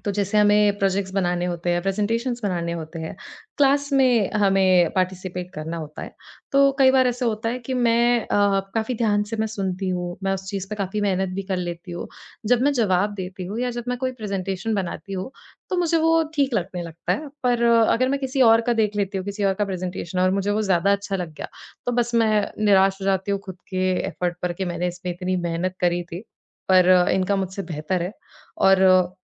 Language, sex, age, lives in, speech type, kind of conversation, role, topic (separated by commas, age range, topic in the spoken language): Hindi, female, 20-24, India, conversation, advice, user, मैं अच्छा काम करने के बावजूद अक्सर खुद पर संदेह क्यों महसूस करता/करती हूँ?